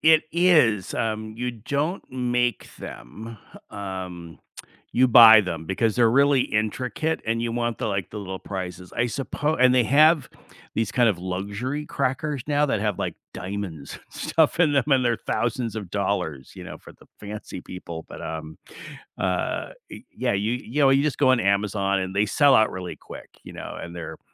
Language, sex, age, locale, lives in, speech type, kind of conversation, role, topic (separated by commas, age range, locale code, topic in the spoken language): English, male, 60-64, en-US, United States, conversation, unstructured, none, Which family or cultural tradition have you kept, why does it matter, and how does it shape you?